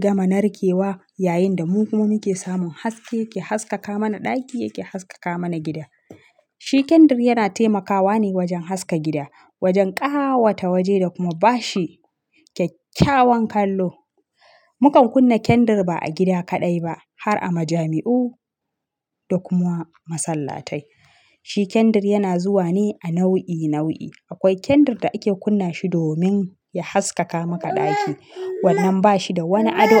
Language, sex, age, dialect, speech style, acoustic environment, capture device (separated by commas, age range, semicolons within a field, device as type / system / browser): Hausa, female, 25-34, Nigeria - Kano, excited, silence, mobile / Linux / Chrome